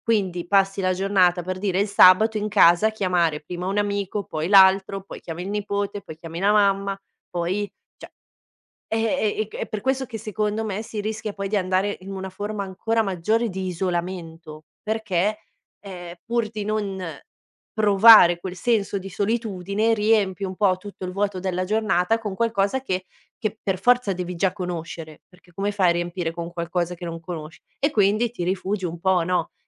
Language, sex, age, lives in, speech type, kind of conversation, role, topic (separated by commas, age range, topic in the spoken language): Italian, female, 30-34, Italy, conversation, podcast, guest, Che consiglio daresti a chi si sente solo in una città nuova?